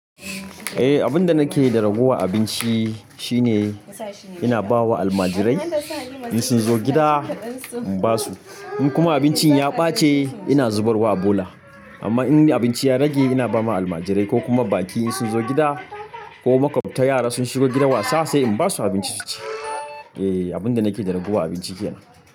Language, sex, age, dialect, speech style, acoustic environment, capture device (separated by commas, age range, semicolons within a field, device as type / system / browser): Hausa, male, 25-34, Nigeria - Kano, happy; focused, people talking; nature, mobile / Linux / Chrome